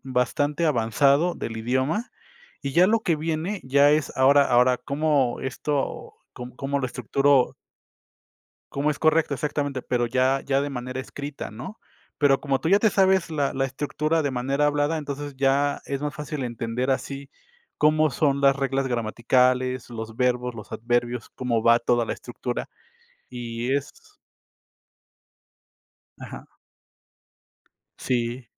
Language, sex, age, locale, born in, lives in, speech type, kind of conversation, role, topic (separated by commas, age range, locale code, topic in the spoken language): Spanish, male, 35-39, es-MX, Mexico, Mexico, conversation, unstructured, none, ¿Crees que todas las personas deberían aprender un segundo idioma? ¿Por qué?